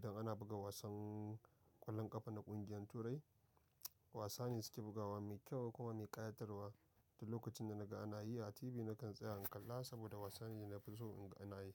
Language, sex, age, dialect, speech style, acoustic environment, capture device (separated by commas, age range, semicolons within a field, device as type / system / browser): Hausa, male, 25-34, Nigeria - Nigerian Standard, excited; happy, people talking; nature, mobile / macOS / Safari